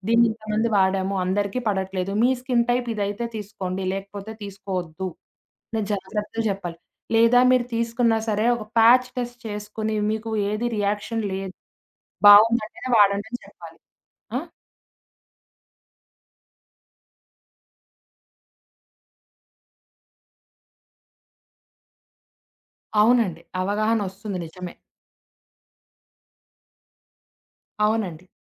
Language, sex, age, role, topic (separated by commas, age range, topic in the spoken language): Telugu, female, 20-24, guest, ఇన్ఫ్లూయెన్సర్లు బ్రాండ్లతో కలిసి పని చేయడాన్ని మీరు ఎలా చూస్తారు?